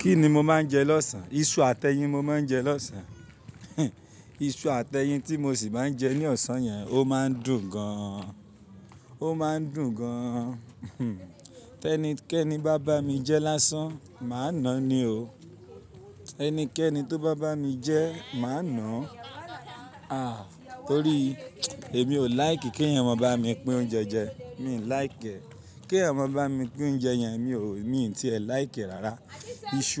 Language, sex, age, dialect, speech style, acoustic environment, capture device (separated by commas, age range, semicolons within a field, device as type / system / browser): Yoruba, male, 25-34, Nigeria - Ibadan, happy; anxious, people talking; music; traffic; construction; appliances; nature; silence, mobile / Linux / Chrome